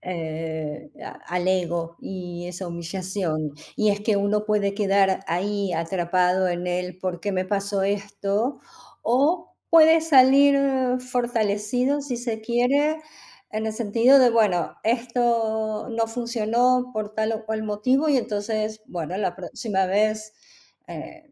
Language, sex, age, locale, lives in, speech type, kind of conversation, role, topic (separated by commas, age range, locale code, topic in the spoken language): Spanish, female, 55-59, es-AR, Netherlands, conversation, unstructured, none, ¿Se puede perdonar una infidelidad?